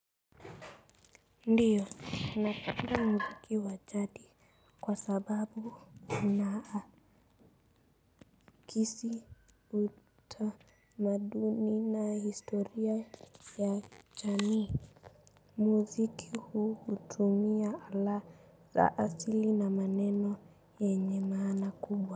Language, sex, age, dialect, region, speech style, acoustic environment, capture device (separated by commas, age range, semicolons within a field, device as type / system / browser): Swahili, female, 35-44, Kenya - Nairobi Sheng-influenced, Kenya, relaxed, silence, mobile / Linux / Chrome